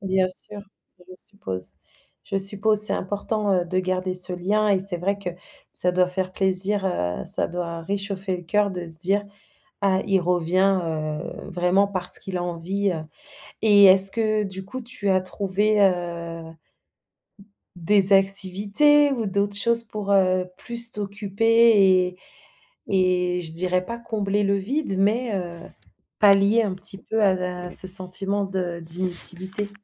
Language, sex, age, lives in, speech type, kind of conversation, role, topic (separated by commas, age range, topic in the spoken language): French, female, 30-34, France, conversation, advice, advisor, Comment gérer le sentiment d’inutilité lorsque vos enfants quittent la maison ?